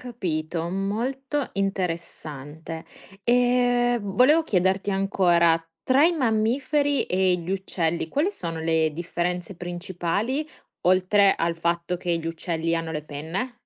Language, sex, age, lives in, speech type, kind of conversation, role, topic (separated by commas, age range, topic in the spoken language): Italian, female, 25-29, Italy, read, expert-assistant, asker, Come si classificano gli animali in base alle loro caratteristiche?